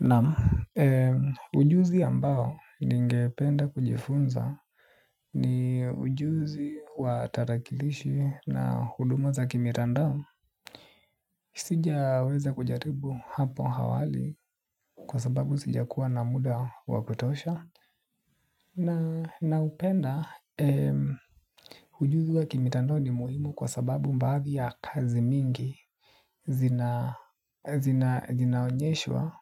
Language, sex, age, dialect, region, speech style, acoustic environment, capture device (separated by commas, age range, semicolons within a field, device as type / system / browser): Swahili, male, 18-24, Kenya - Nairobi Sheng-influenced, Kenya, relaxed; excited; happy, appliances; silence, mobile / Linux / Chrome